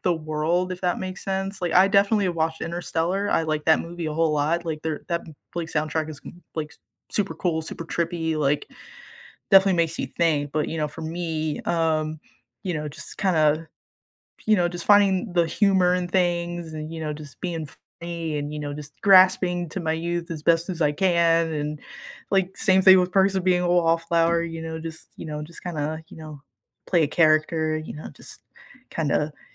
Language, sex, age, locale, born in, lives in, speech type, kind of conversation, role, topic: English, female, 20-24, en-US, United States, United States, conversation, unstructured, none, What soundtracks make your everyday moments feel cinematic?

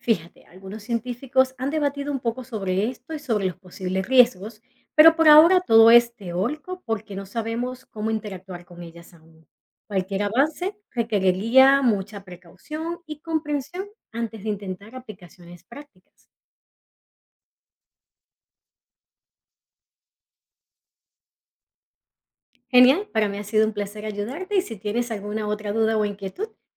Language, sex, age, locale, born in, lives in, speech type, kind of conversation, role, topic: Spanish, female, 40-44, es-VE, Venezuela, United States, read, expert-assistant, expert, ¿Qué misterios del universo deberíamos intentar resolver primero: el origen del Big Bang, la materia oscura o la energía oscura?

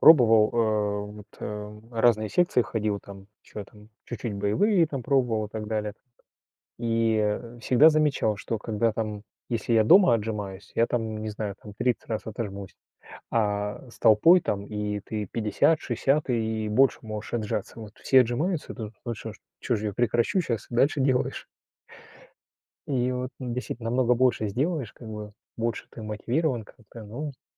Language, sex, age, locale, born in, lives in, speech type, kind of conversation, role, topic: Russian, male, 45-49, ru-RU, Russia, Germany, conversation, unstructured, none, Как ты обычно поддерживаешь своё физическое здоровье?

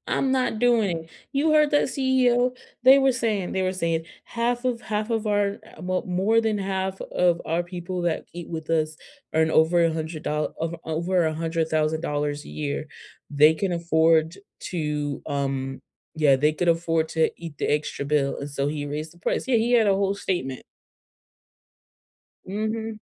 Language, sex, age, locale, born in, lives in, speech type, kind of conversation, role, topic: English, female, 30-34, en-US, United States, United States, conversation, unstructured, none, Have you ever been scared by a food recall?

